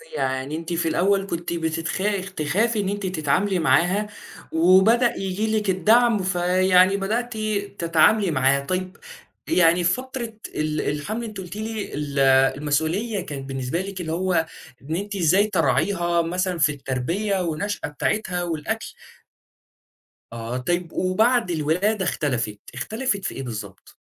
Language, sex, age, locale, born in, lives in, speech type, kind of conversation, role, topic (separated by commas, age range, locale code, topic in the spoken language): Arabic, male, 20-24, ar-EG, Saudi Arabia, Egypt, conversation, podcast, host, إزاي اتغيّرت بعد ما بقيت مسؤول عن حد؟